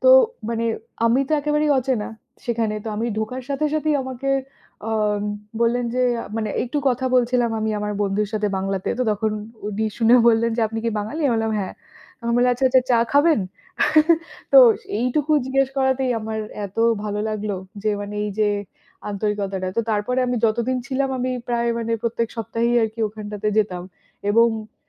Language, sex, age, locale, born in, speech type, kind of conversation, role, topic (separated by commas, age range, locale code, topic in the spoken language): Bengali, female, 30-34, bn-IN, India, conversation, unstructured, none, আপনি সর্বশেষ কোন রেস্তোরাঁয় গিয়েছিলেন, আর আপনার অভিজ্ঞতা কেমন ছিল?